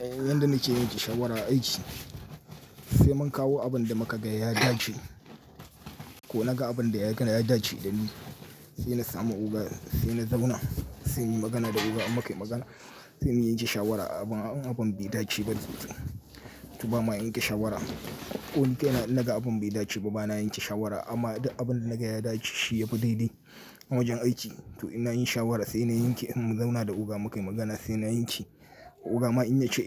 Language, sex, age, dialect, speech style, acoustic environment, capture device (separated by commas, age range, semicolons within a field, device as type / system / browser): Hausa, male, 35-44, Nigeria - Kano, relaxed, nature, mobile / Linux / Chrome